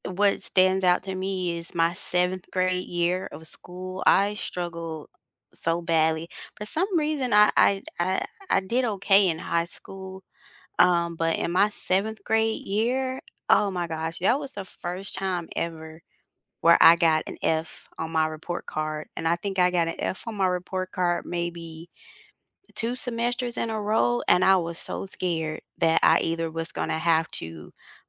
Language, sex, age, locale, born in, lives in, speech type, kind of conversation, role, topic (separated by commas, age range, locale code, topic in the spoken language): English, female, 40-44, en-US, United States, United States, conversation, unstructured, none, Which teacher has inspired you the most, and what did they do that still impacts you?